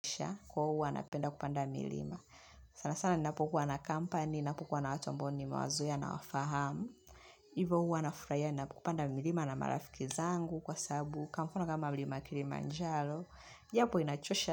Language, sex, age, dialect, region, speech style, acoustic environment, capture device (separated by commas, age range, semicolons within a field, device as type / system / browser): Swahili, female, 25-34, Tanzania - Dar es Salaam, Tanzania, relaxed; focused, appliances; music; people talking; nature; silence, mobile / Linux / Chrome